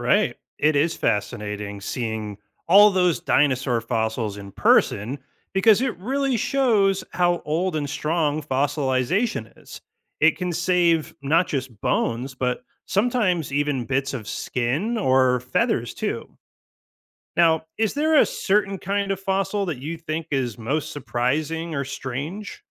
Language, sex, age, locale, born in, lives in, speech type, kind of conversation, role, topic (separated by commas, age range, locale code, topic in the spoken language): English, male, 35-39, en-US, United States, United States, read, expert-assistant, expert, How do fossils form and last for millions of years?